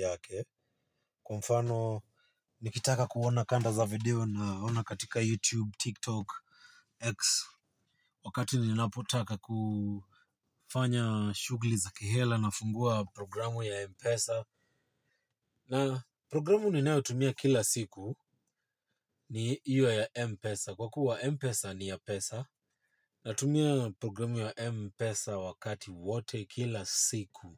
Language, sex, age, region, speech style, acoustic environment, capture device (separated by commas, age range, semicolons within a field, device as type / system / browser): Swahili, male, 25-34, Kenya, relaxed; focused, nature; silence, mobile / Linux / Chrome